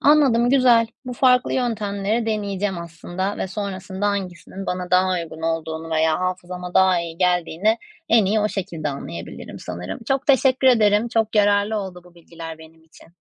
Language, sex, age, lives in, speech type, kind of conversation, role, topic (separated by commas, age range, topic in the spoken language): Turkish, female, 30-34, Netherlands, read, expert-assistant, asker, Unutkanlık ve dijital dikkat dağınıklığı hafızamızı nasıl etkiler?